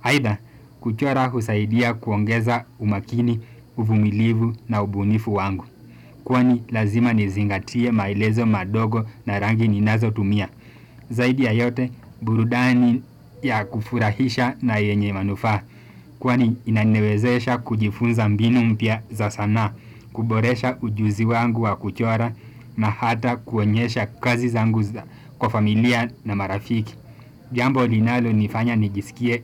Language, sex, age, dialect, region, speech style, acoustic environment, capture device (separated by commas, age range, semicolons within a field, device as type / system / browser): Swahili, male, 18-24, Kenya - Mombasa, Kenya, happy, silence, desktop / Windows / Chrome